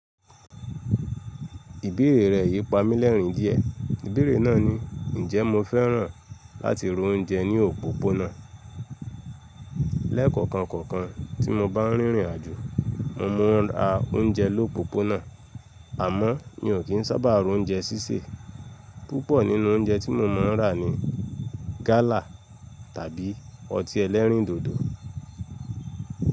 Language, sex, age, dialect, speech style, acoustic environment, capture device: Yoruba, male, 25-34, Nigeria - Lagos Yoruba, relaxed, nature, mobile / Linux / Chrome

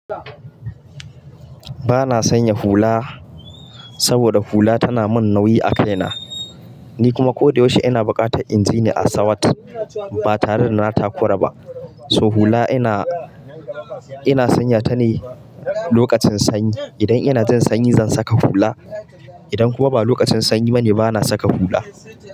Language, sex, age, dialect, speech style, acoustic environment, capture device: Hausa, male, 25-34, Nigeria - Kano, happy, people talking, mobile / Linux / Chrome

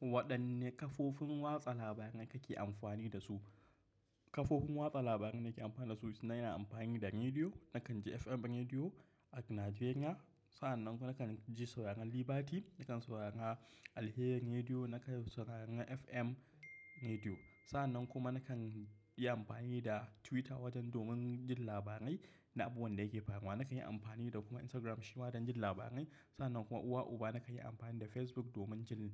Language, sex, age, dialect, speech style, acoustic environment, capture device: Hausa, male, 25-34, Nigeria - Kano, happy, silence; nature; appliances, mobile / macOS / Safari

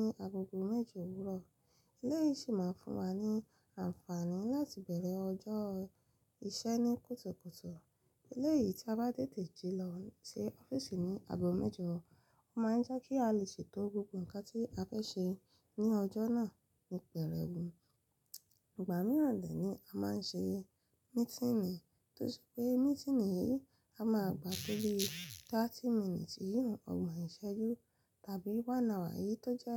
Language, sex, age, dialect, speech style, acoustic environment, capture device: Yoruba, female, 25-34, Nigeria - Lagos Yoruba, happy, silence, mobile / Linux / Chrome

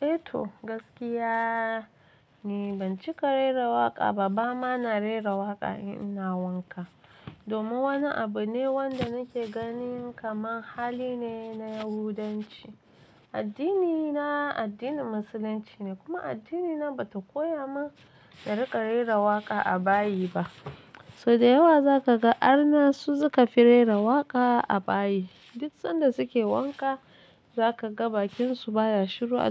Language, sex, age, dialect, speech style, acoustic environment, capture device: Hausa, female, 25-34, Nigeria - Nigerian Standard, relaxed, silence, mobile / Linux / Chrome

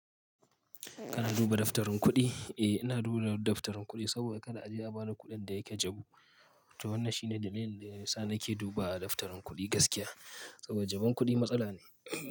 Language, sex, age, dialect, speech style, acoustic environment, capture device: Hausa, male, 25-34, Nigeria - Kano, focused, nature, mobile / Linux / Chrome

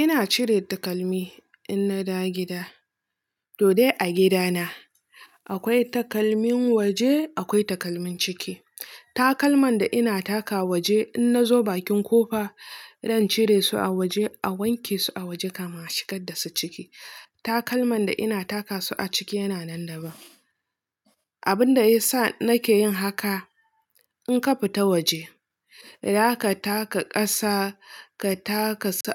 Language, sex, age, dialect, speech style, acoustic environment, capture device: Hausa, female, 25-34, Nigeria - Nigerian Standard, relaxed, nature; silence, mobile / Linux / Chrome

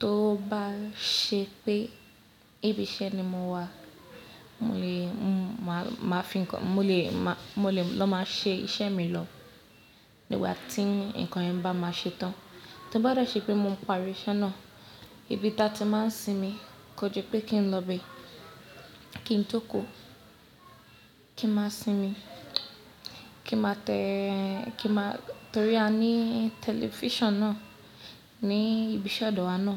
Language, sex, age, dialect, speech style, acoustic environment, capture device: Yoruba, female, 25-34, Nigeria - Lagos Yoruba, happy, people talking, mobile / Linux / Chrome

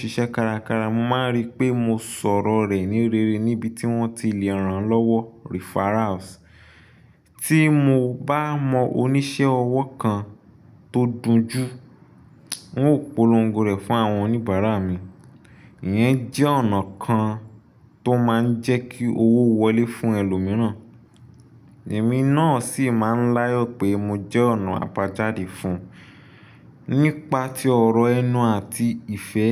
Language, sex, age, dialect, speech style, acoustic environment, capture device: Yoruba, male, 25-34, Nigeria - Nigerian Standard, focused, appliances, desktop / Linux / Chrome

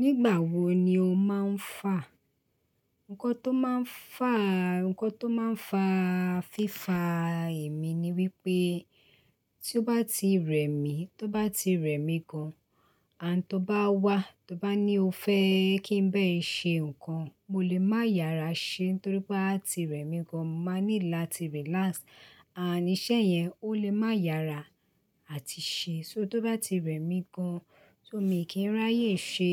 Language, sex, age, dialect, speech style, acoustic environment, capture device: Yoruba, female, 35-44, Nigeria - Lagos Yoruba, relaxed, silence, desktop / Linux / Chrome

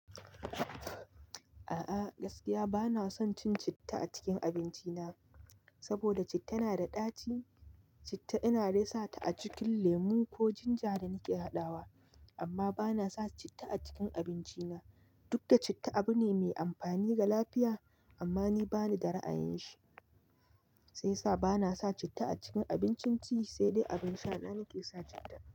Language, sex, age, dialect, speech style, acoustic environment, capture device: Hausa, male, 25-34, Nigeria - Nigerian Standard, happy, nature; music, mobile / Linux / Chrome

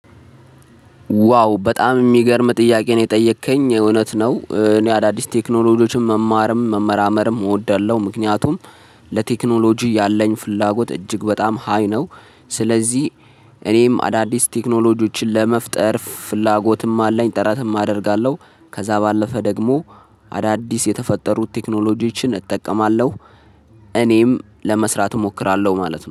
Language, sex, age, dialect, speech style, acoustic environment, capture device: Amharic, male, 25-34, Ethiopia - Addis Ababa, focused, traffic, mobile / Linux / Chrome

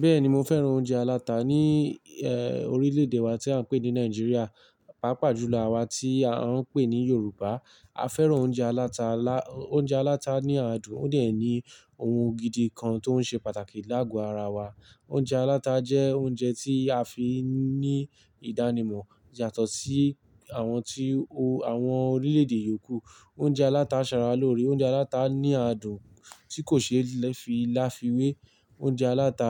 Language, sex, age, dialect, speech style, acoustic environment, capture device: Yoruba, male, 18-24, Nigeria - Ibadan, relaxed, nature, mobile / Linux / Chrome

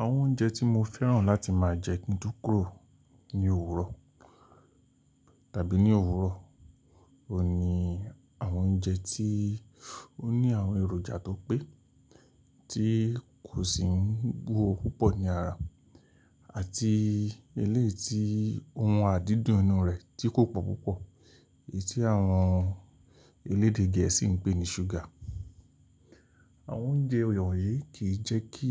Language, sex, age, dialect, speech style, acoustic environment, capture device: Yoruba, male, 45-54, Nigeria - Lagos Yoruba, relaxed, nature; silence, mobile / Linux / Chrome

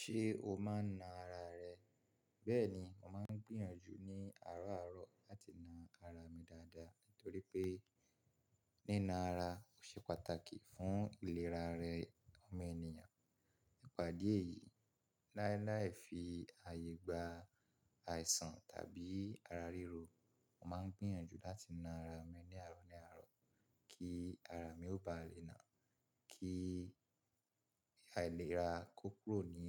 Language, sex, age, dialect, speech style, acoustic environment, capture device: Yoruba, male, 25-34, Nigeria - Ibadan, relaxed, silence, mobile / Linux / Chrome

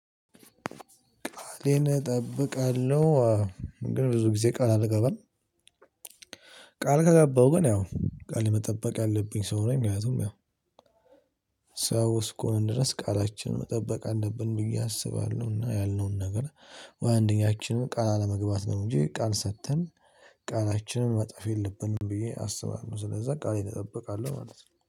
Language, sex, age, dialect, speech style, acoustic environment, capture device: Amharic, male, 18-24, Ethiopia - Addis Ababa, happy, people talking, mobile / Linux / Chrome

